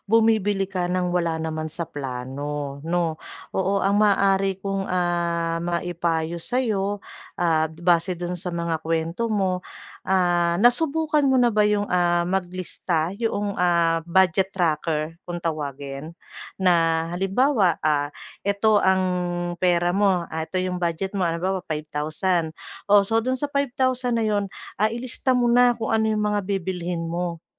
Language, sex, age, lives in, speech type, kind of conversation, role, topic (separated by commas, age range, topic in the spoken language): Filipino, female, 40-44, Philippines, conversation, advice, advisor, Paano ako makakaiwas sa biglaang paggastos habang nag-iipon?